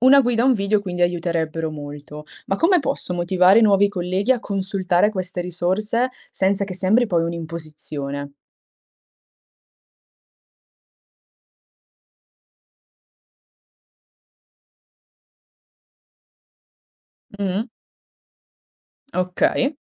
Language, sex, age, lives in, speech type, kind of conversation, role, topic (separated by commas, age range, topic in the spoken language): Italian, female, 20-24, Italy, read, expert-assistant, asker, Come posso tenere traccia delle tante notizie e dati sul clima senza sentirmi sopraffatto?